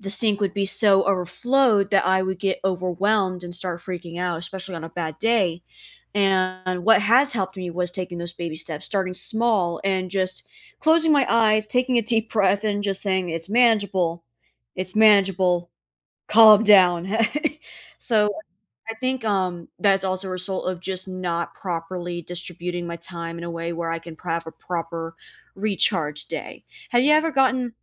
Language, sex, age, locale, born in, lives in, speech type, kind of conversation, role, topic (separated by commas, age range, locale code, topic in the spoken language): English, female, 20-24, en-US, United States, United States, conversation, unstructured, none, How do you recharge after a busy day or week?